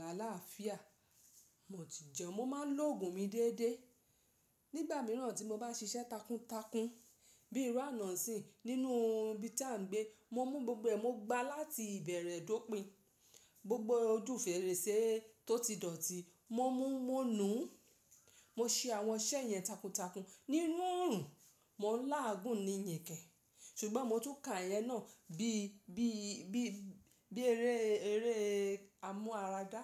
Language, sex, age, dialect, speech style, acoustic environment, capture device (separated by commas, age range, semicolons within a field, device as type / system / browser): Yoruba, female, 35-44, Nigeria - Lagos Yoruba, excited, nature; silence, mobile / Linux / Chrome